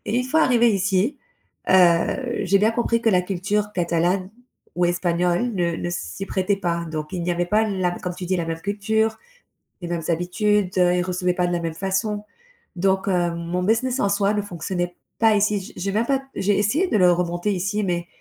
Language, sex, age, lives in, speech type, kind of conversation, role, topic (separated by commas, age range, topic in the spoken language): French, female, 35-39, Spain, conversation, advice, user, Dois-je accepter un emploi mieux payé dans une autre ville malgré mes hésitations ?